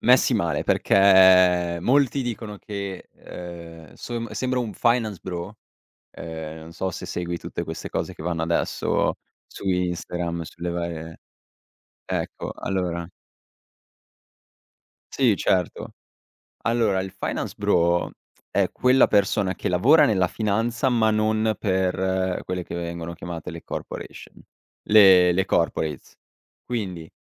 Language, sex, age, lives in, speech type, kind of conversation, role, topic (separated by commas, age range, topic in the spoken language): Italian, male, 18-19, Italy, conversation, podcast, guest, Che cosa significa, secondo te, vestirsi con autenticità?